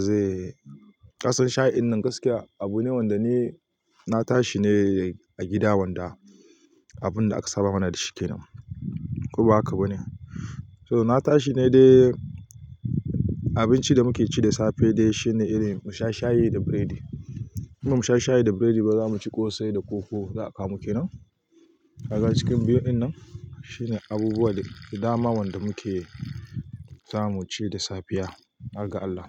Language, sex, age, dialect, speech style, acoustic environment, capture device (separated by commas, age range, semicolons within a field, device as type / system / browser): Hausa, male, 35-44, Nigeria - Kano, happy, nature, mobile / Linux / Chrome